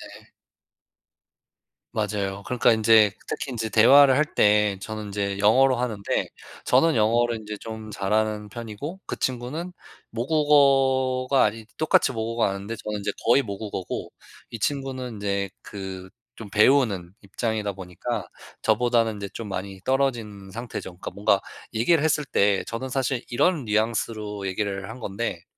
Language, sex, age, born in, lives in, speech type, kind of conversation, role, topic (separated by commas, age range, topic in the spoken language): Korean, male, 35-39, United States, United States, conversation, advice, user, 감정 표현을 피하는 습관 때문에 관계가 악화된다면 어떻게 개선할 수 있을까요?